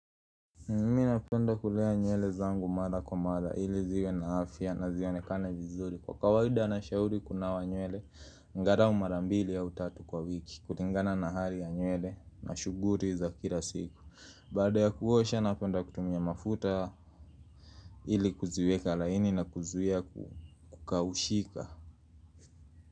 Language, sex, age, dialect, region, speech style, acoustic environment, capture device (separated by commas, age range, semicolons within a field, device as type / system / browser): Swahili, male, 25-34, Kenya - Nairobi Sheng-influenced, Kenya, happy; focused, music; nature, mobile / Linux / Chrome